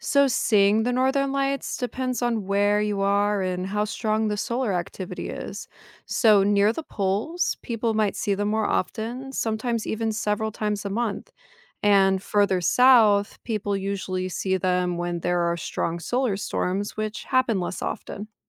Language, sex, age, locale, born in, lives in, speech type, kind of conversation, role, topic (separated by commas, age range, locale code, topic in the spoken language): English, female, 30-34, en-US, United States, United States, read, expert-assistant, expert, What causes the northern lights?